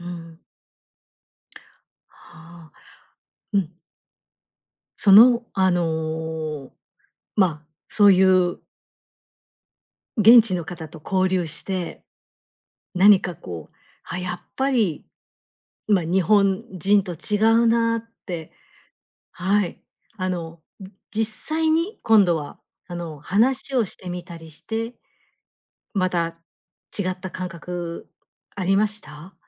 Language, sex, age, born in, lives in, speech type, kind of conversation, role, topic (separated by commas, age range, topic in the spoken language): Japanese, female, 50-54, Japan, Japan, conversation, podcast, host, 旅先で見つけた小さな美しさを一つ教えてください?